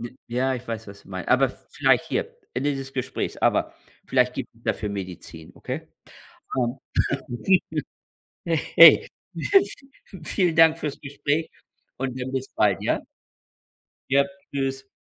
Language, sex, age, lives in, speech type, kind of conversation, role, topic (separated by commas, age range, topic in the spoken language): German, male, 55-59, United States, conversation, unstructured, none, Was bedeutet es für dich, zu dir selbst zu stehen?